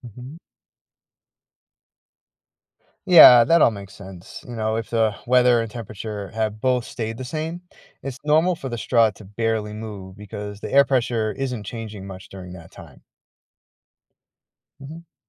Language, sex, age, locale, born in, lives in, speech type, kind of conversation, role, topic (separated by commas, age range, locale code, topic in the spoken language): English, male, 45-49, en-US, United States, United States, read, expert-assistant, expert, How do you make a homemade barometer?